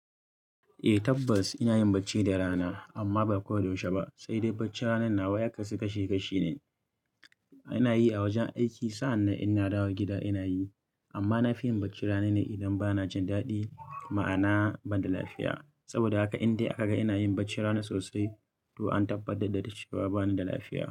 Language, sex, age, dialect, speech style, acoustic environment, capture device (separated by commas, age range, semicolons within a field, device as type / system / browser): Hausa, male, 25-34, Nigeria - Kano, happy; anxious, people talking; music; nature, mobile / Linux / Chrome